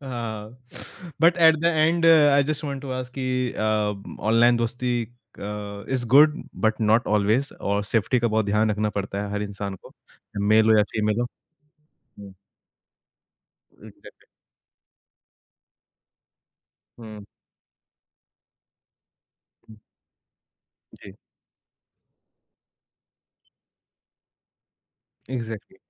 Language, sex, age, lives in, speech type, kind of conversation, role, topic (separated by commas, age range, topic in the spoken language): Hindi, male, 25-29, India, conversation, podcast, host, Tumhare hisaab se online dosti aur real-life dosti mein sabse bada farq kya hai?